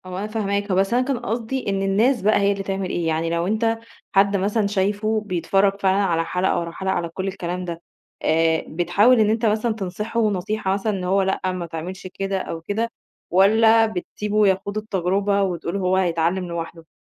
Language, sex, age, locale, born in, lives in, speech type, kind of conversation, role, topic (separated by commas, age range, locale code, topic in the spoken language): Arabic, female, 30-34, ar-EG, Egypt, Egypt, conversation, podcast, host, إيه رأيك في ظاهرة الماراثون التلفزيوني على المنصات؟